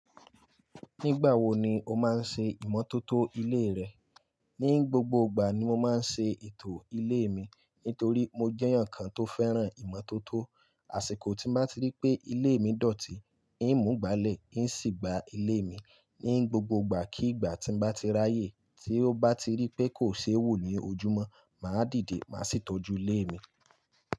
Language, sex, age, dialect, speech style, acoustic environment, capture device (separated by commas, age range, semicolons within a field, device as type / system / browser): Yoruba, male, 25-34, Nigeria - Lagos Yoruba, focused, appliances; silence, mobile / Linux / Chrome